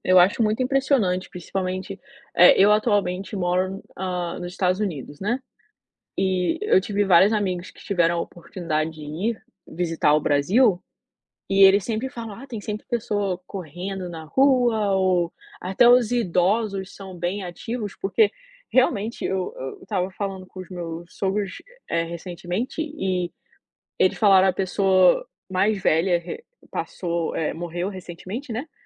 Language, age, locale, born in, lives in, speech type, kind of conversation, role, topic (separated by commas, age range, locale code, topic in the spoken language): Portuguese, 25-29, pt-BR, Brazil, United States, conversation, unstructured, none, Você sente que a sociedade valoriza mais a aparência do que a saúde?